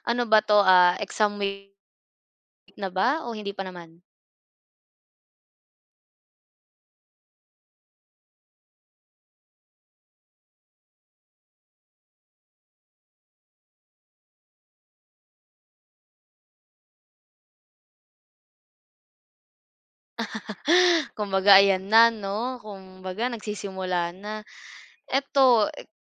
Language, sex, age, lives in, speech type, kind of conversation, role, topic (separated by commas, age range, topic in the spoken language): Filipino, female, 25-29, Philippines, conversation, unstructured, none, Ano ang nararamdaman mo tuwing may pagsusulit?